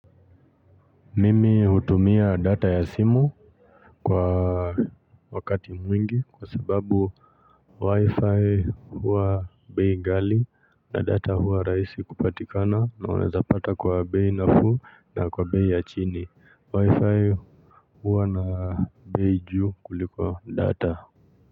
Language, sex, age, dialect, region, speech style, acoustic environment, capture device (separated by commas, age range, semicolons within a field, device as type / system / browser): Swahili, male, 25-34, Kenya - Nairobi Sheng-influenced, Kenya, relaxed, nature, mobile / Linux / Chrome